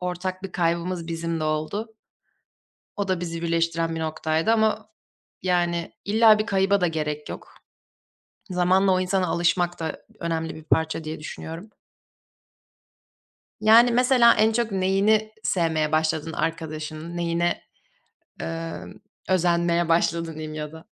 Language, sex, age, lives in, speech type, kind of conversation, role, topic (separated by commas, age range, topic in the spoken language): Turkish, female, 20-24, Germany, conversation, unstructured, none, En yakın arkadaşınla nasıl tanıştınız?